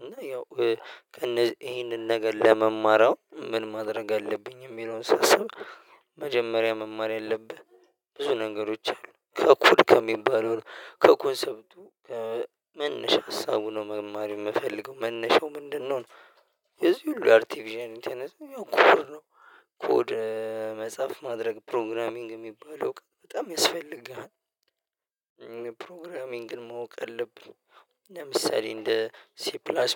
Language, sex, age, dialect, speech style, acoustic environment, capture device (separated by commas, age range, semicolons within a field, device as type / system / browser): Amharic, male, 25-34, Ethiopia - Addis Ababa, happy; relaxed, silence; nature, mobile / Linux / Chrome